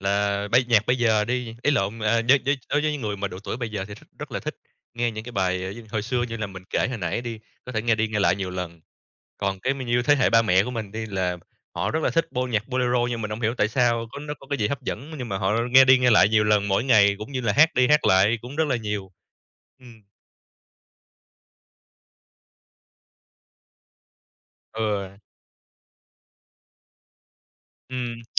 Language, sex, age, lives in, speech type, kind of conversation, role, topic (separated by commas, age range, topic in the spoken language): Vietnamese, male, 30-34, Vietnam, conversation, unstructured, none, Bạn thường nghe thể loại nhạc nào nhất trong cuộc sống hằng ngày?